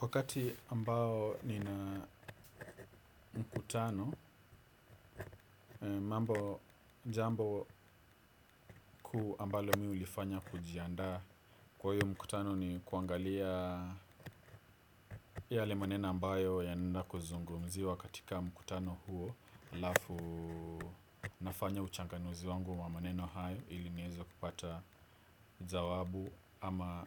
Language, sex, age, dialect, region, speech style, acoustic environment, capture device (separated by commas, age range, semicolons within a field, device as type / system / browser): Swahili, male, 25-34, Kenya - Nairobi Sheng-influenced, Kenya, focused, silence, desktop / Windows / Edge